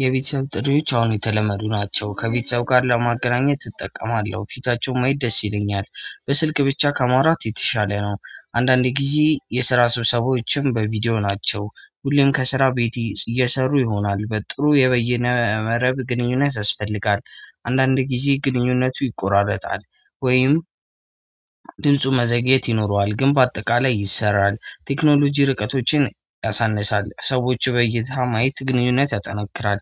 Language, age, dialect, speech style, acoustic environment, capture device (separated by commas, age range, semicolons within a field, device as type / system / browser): Amharic, 25-34, Ethiopia - Addis Ababa, happy, silence, mobile / Android / Chrome